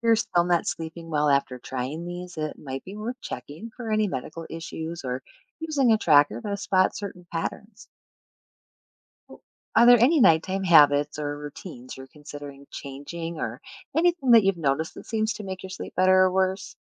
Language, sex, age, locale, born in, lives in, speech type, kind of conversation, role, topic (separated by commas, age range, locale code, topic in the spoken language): English, female, 45-49, en-US, United States, United States, read, expert-assistant, expert, What happens in the body during different sleep stages?